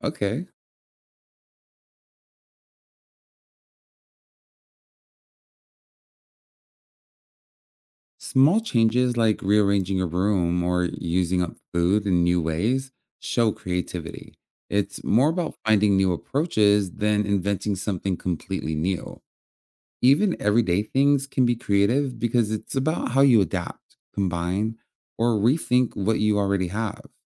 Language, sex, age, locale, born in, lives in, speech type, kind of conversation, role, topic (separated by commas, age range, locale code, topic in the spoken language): English, male, 30-34, en-US, United States, United States, read, expert-assistant, expert, How do people express their creativity?